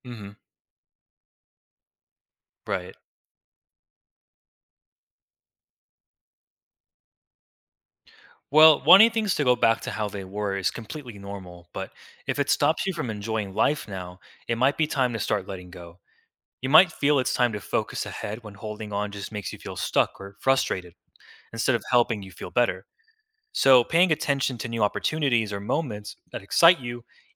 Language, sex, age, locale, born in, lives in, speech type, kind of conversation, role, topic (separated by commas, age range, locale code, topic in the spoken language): English, male, 20-24, en-US, United States, United States, read, expert-assistant, expert, Why is it important to accept change in life?